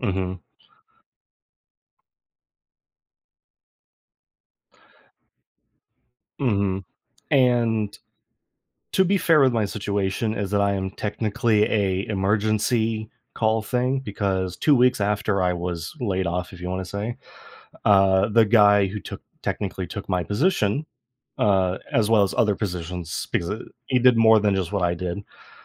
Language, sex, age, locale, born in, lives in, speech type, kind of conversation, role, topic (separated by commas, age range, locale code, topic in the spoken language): English, male, 25-29, en-US, United States, United States, conversation, unstructured, none, How can one find purpose when an old life plan stops fitting?